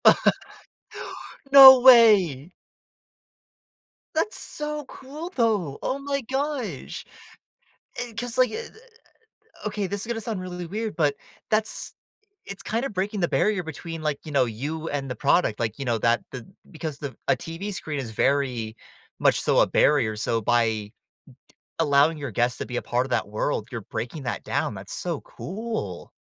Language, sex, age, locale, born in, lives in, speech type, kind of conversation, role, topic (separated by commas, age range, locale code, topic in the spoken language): English, male, 20-24, en-US, United States, United States, conversation, podcast, guest, Why do certain TV shows leave a lasting impression on us?